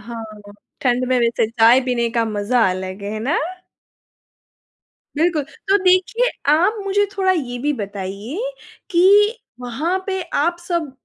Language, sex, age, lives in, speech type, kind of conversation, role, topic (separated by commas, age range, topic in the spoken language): Hindi, female, 25-29, India, conversation, podcast, host, आपकी सबसे यादगार सड़क यात्रा कौन-सी रही, और क्यों?